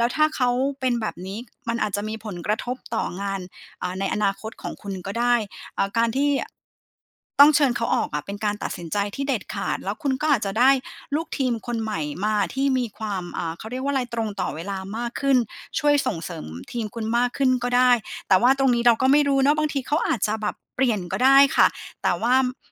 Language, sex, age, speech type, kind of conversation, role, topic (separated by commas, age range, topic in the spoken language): Thai, female, 40-44, conversation, advice, advisor, คุณกำลังรับตำแหน่งหรือรับผิดชอบหน้าที่ใหม่ที่มีความคาดหวังสูงขึ้นหรือไม่?